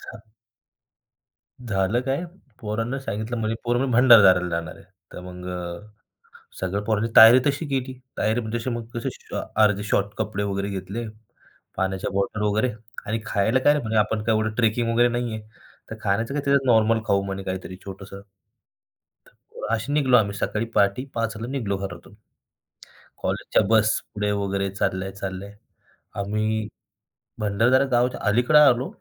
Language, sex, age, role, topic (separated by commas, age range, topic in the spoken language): Marathi, male, 25-29, guest, कधी मित्रांसोबत केलेल्या एखाद्या साहसाची गोष्ट सांगशील का?